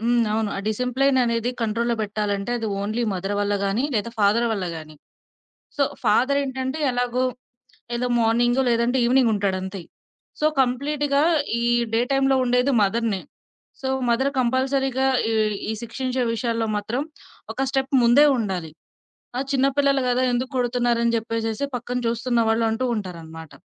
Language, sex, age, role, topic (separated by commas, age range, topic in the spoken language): Telugu, female, 25-29, guest, పిల్లలను శిక్షించే విషయంలో మీరు ఏ విధానాన్ని అనుసరిస్తారు?